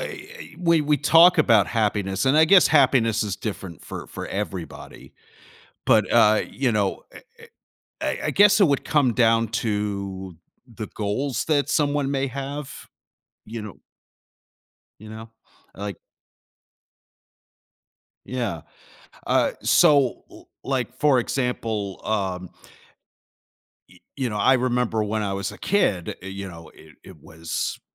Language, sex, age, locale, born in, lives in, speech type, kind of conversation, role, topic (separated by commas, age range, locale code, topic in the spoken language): English, male, 45-49, en-US, United States, United States, conversation, podcast, host, How can simple daily routines make a lasting difference in your happiness?